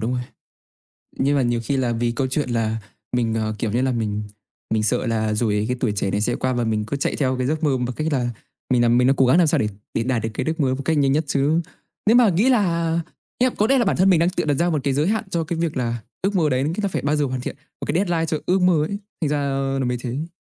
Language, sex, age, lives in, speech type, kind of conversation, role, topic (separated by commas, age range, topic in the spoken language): Vietnamese, male, 20-24, Vietnam, conversation, unstructured, none, Bạn có ước mơ nào muốn thực hiện trong cuộc đời không?